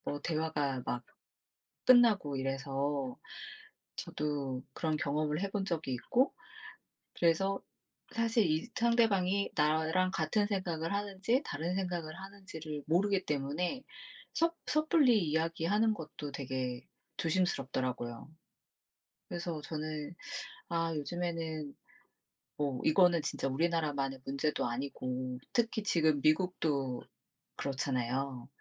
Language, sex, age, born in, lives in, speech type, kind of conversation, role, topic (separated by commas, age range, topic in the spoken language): Korean, female, 40-44, South Korea, United States, conversation, unstructured, none, 요즘 사회 문제 중에서 가장 중요하다고 생각하는 것은 무엇인가요?